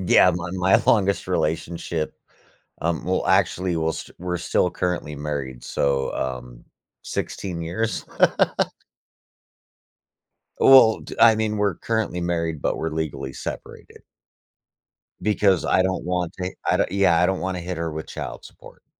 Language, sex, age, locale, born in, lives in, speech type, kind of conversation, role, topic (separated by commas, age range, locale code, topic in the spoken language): English, male, 35-39, en-US, United States, United States, conversation, unstructured, none, How does forgiveness affect your life?